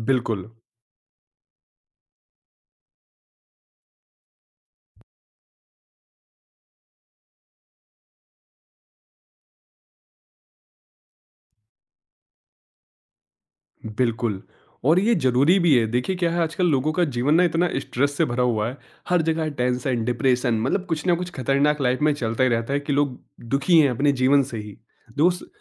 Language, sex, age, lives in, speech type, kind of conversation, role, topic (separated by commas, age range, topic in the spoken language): Hindi, male, 25-29, India, conversation, podcast, guest, Tumhe kis tarah ke memes sabse zyada mazedaar lagte hain?